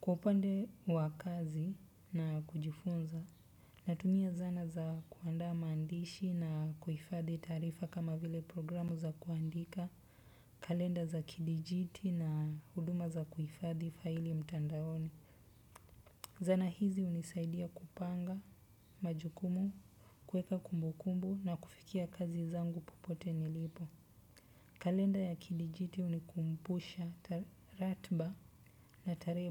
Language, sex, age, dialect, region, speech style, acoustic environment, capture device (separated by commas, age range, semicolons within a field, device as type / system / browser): Swahili, male, 25-34, Kenya - Nairobi Sheng-influenced, Kenya, happy; excited, nature, desktop / Windows / Chrome